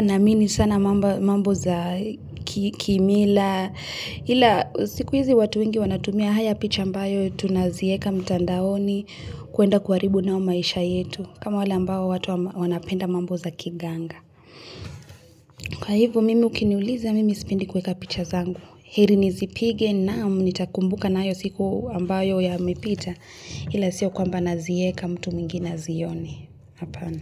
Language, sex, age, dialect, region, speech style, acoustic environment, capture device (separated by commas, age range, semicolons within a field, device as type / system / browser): Swahili, female, 25-34, Kenya - Nairobi Sheng-influenced, Kenya, focused; relaxed, construction; people talking, mobile / Linux / Chrome